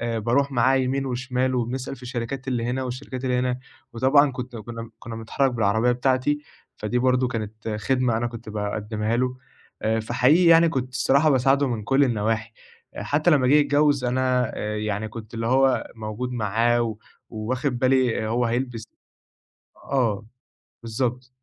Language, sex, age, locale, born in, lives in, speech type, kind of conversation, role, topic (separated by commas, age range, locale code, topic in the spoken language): Arabic, male, 20-24, ar-EG, Egypt, Egypt, conversation, advice, user, إزاي أتعامل مع واحد صاحبي دايمًا بيطلب مني خدمات ومابيردّش الجميل؟